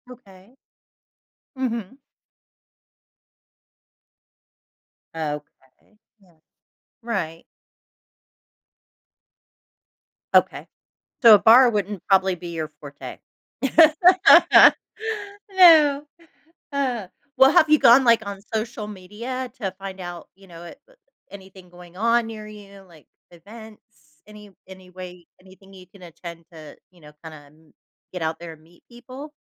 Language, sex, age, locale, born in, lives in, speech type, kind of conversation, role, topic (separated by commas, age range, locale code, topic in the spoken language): English, female, 45-49, en-US, United States, United States, conversation, advice, advisor, How can I manage my nerves about moving?